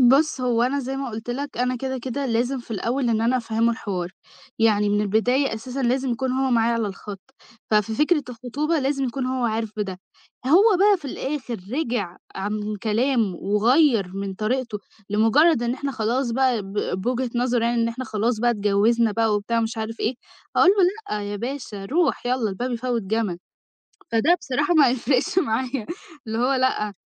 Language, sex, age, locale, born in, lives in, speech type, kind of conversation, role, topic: Arabic, female, 18-19, ar-EG, Egypt, Egypt, conversation, podcast, guest, إزاي تقرر تكوّن أسرة دلوقتي ولا تأجّل الإنجاب؟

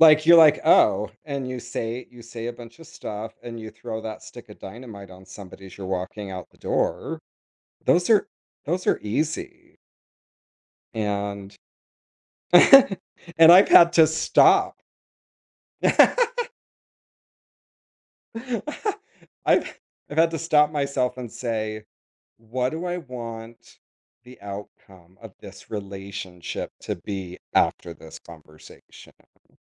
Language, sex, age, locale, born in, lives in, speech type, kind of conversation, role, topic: English, male, 50-54, en-US, United States, United States, conversation, podcast, guest, How does effective communication contribute to building strong and lasting relationships?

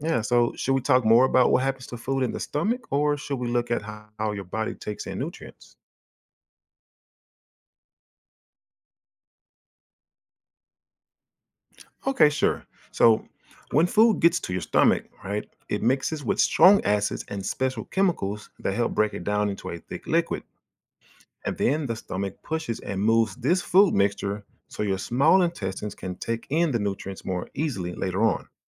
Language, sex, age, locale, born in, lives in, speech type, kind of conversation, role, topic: English, male, 40-44, en-US, United States, United States, read, expert-assistant, expert, What are the main parts of the human digestive system and how do they work together?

